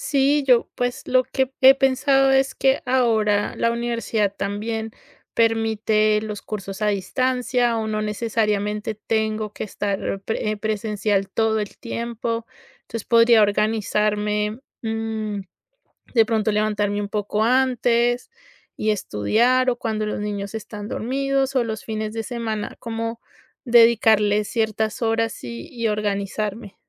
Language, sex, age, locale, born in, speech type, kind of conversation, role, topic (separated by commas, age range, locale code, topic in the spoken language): Spanish, female, 30-34, es-CO, Colombia, conversation, advice, user, ¿Cómo puedo volver a estudiar siendo adulto y equilibrar mis responsabilidades?